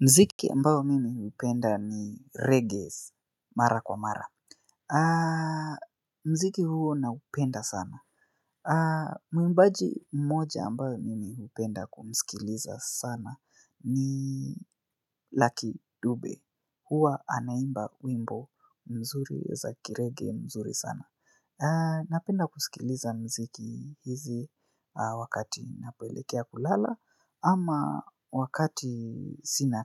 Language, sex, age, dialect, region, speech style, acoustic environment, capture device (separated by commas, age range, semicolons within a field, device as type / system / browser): Swahili, male, 25-34, Kenya - Nairobi Sheng-influenced, Kenya, focused; relaxed; excited, silence, mobile / Linux / Chrome